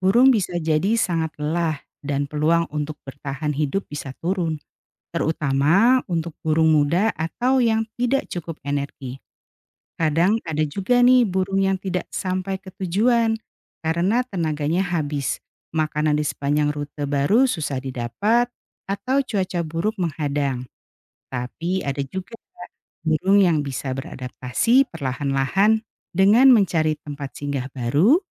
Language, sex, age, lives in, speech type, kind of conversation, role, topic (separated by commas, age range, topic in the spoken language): Indonesian, female, 45-49, Indonesia, read, expert-assistant, expert, Bagaimana burung bisa terbang jauh tanpa lelah?